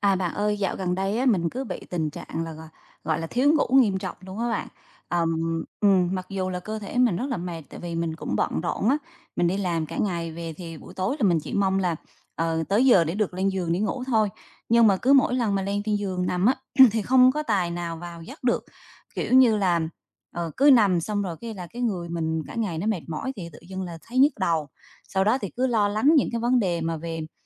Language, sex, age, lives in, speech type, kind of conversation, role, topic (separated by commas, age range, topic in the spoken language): Vietnamese, female, 35-39, Vietnam, conversation, advice, user, Tôi không thể thiếp ngủ vì suy nghĩ cứ chạy trong đầu suốt đêm, tôi nên làm gì?